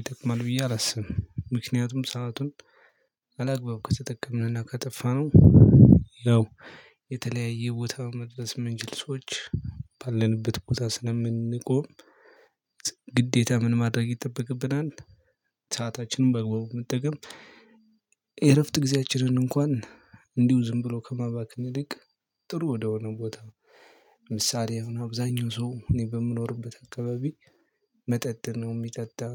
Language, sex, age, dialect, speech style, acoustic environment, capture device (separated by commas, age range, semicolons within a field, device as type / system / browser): Amharic, male, 25-34, Ethiopia - Gojjam, happy; relaxed, nature; silence, mobile / Linux / Chrome